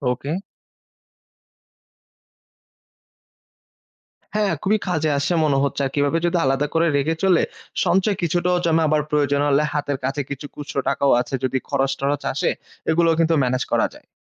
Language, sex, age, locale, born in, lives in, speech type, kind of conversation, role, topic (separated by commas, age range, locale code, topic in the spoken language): Bengali, male, 25-29, bn-BD, Bangladesh, Finland, read, expert-assistant, asker, ভবিষ্যতের জন্য অর্থ সঞ্চয় করার উপকারিতা কী কী?